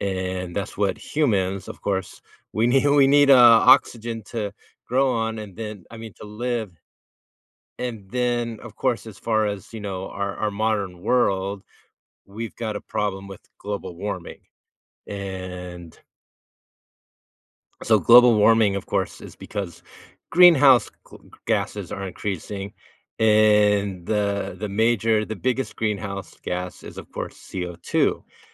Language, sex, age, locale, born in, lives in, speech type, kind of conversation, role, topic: English, male, 50-54, en-US, United States, United States, conversation, podcast, guest, Why are healthy forests essential for the well-being of our planet?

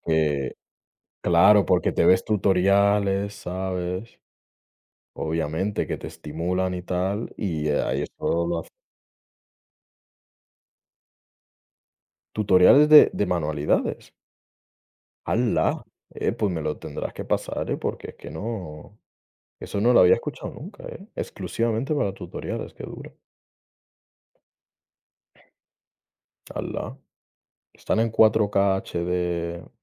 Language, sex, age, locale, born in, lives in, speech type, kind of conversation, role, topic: Spanish, male, 20-24, es-ES, Spain, Spain, conversation, unstructured, none, ¿Cuál es tu forma favorita de relajarte después de un día largo?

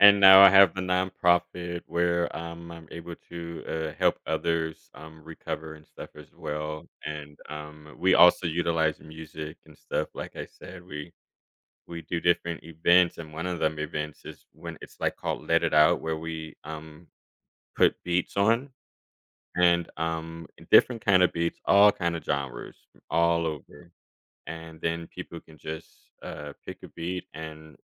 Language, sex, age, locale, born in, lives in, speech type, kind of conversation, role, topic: English, male, 30-34, en-US, Germany, United States, conversation, podcast, guest, In what ways can music shape who we are and how we experience life?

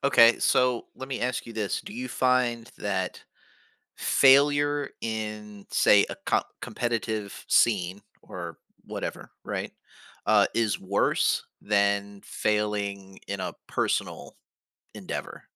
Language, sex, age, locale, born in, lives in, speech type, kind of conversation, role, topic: English, male, 40-44, en-US, United States, United States, conversation, podcast, host, How can setbacks and mistakes help us grow and achieve our goals?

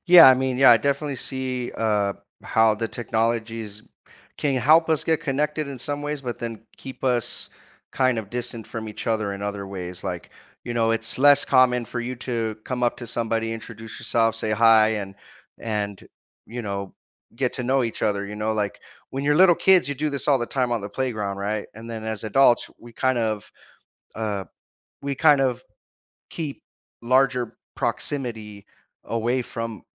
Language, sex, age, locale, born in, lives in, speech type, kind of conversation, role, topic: English, male, 30-34, en-US, United States, United States, conversation, unstructured, none, How do you build and maintain meaningful friendships as an adult so you feel more connected?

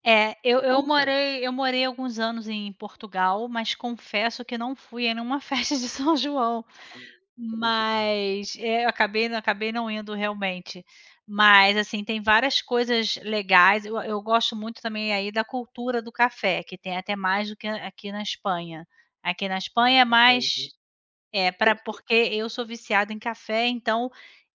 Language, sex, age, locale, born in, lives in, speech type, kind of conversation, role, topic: Portuguese, female, 40-44, pt-BR, Brazil, Spain, conversation, unstructured, none, Qual é a tradição local que mais te encanta?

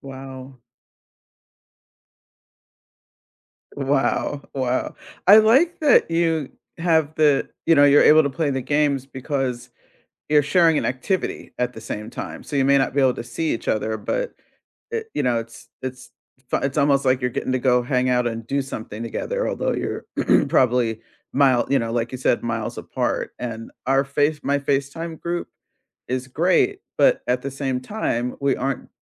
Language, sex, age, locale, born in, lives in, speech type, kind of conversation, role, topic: English, female, 60-64, en-US, United States, United States, conversation, unstructured, none, Which friendship tradition do you cherish and keep alive, and how do you keep it meaningful today?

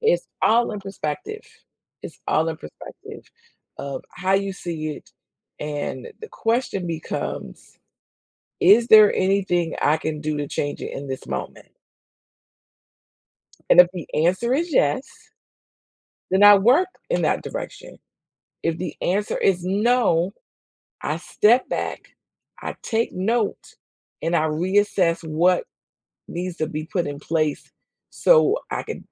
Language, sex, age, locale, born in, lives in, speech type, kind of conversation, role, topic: English, female, 55-59, en-US, United States, United States, conversation, unstructured, none, How do you handle setbacks when things go wrong?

